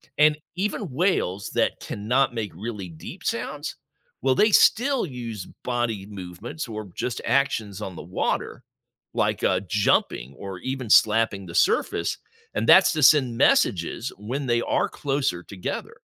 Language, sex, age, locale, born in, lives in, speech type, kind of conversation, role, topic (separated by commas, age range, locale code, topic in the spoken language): English, male, 60-64, en-US, United States, United States, read, expert-assistant, expert, How do whales communicate over long distances?